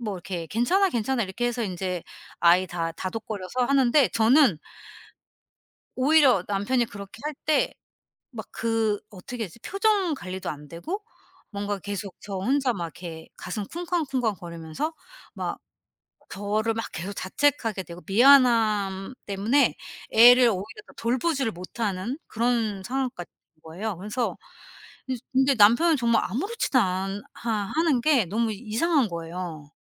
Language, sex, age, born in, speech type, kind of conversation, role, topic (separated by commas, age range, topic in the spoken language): Korean, female, 45-49, South Korea, conversation, advice, user, 작은 실수를 한 뒤 죄책감이 오래 지속되는 이유는 무엇인가요?